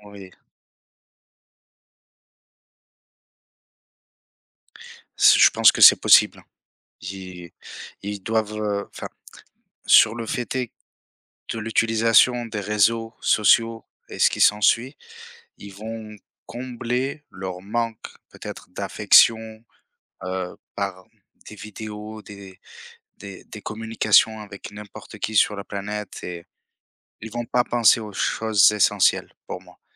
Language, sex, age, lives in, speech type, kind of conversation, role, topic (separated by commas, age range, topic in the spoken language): French, male, 30-34, France, conversation, unstructured, none, Que signifierait une société où la technologie redéfinit le concept de famille ?